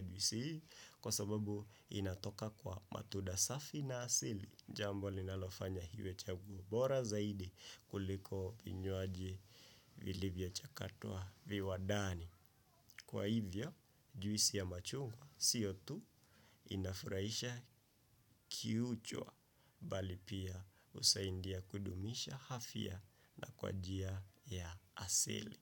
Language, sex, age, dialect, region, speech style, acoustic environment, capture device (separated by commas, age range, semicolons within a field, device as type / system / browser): Swahili, male, 25-34, Kenya - Nairobi Sheng-influenced, Kenya, focused, silence, desktop / Windows / Chrome